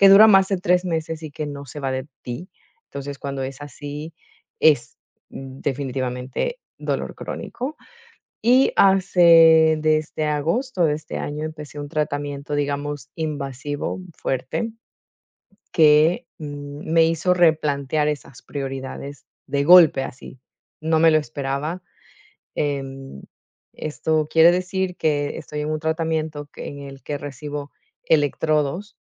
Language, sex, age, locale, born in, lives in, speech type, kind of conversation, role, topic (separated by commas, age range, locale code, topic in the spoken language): Spanish, female, 40-44, es-EC, Ecuador, Netherlands, conversation, podcast, guest, ¿Qué situación te hizo replantear tus prioridades de golpe?